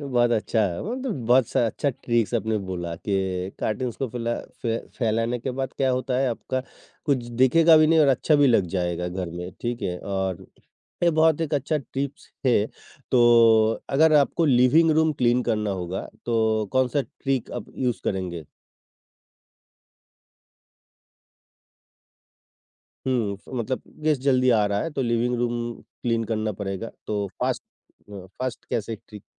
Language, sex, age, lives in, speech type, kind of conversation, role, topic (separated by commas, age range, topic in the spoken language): Hindi, male, 55-59, India, conversation, podcast, host, Mehmaan aane se pehle tum ghar ko kaise taiyaar karte ho?